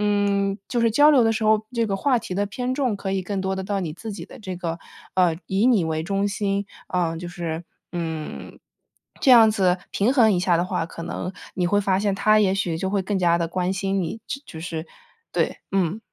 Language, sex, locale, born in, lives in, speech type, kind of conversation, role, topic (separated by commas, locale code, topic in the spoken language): Chinese, female, zh-CN, United States, United States, conversation, advice, advisor, 我該如何管理對伴侶的嫉妒與不安全感？